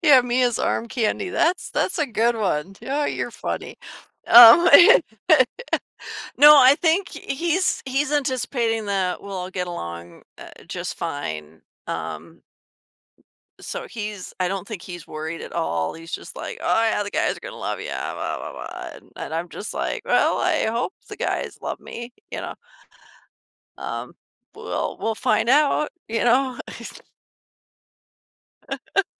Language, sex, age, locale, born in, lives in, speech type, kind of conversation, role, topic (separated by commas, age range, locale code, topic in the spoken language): English, female, 50-54, en-US, United States, United States, conversation, advice, user, How can I feel more confident when meeting my partner's friends?